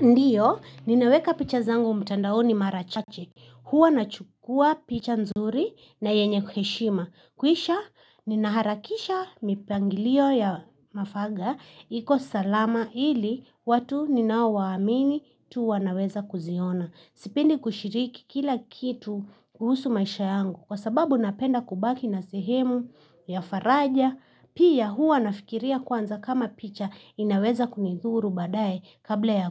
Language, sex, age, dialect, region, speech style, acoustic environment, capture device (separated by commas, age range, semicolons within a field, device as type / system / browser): Swahili, female, 25-34, Kenya - Nairobi Sheng-influenced, Kenya, happy, people talking, mobile / Linux / Chrome